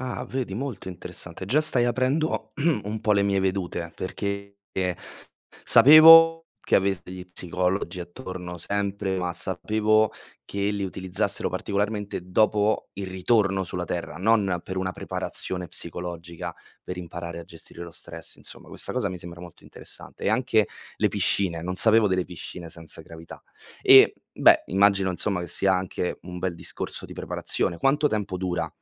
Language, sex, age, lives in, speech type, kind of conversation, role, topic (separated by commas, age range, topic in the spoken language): Italian, male, 25-29, Italy, read, expert-assistant, asker, Come si addestrano gli astronauti prima di una missione spaziale?